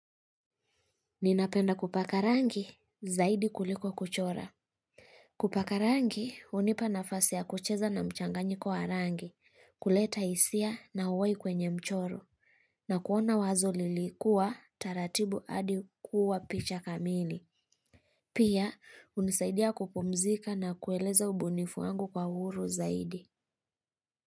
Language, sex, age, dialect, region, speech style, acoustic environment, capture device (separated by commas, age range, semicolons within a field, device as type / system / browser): Swahili, female, 25-34, Kenya - Kitui, Kenya, happy; relaxed; focused, traffic; people talking; music; construction; silence, mobile / Linux / Chrome